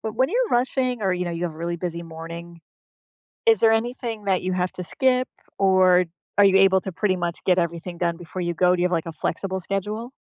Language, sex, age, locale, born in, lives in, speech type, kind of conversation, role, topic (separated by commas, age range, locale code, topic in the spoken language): English, female, 45-49, en-US, United States, United States, conversation, unstructured, none, What small morning habits genuinely improve your day’s start, and how did you develop them?